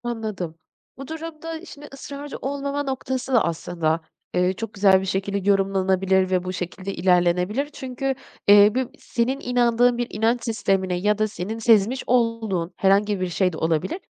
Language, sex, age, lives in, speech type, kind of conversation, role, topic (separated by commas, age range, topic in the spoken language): Turkish, female, 40-44, Netherlands, conversation, advice, advisor, Önemli bir karar verirken sezgilerime ve değerlerime nasıl güvenebilirim?